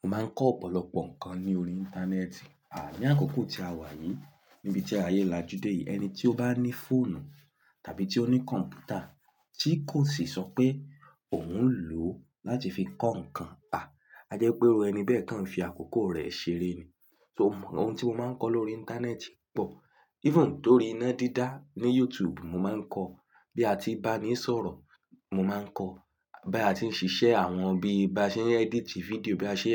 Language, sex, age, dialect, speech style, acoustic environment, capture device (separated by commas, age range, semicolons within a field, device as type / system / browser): Yoruba, male, 18-24, Nigeria - Ibadan, relaxed, silence, mobile / Linux / Chrome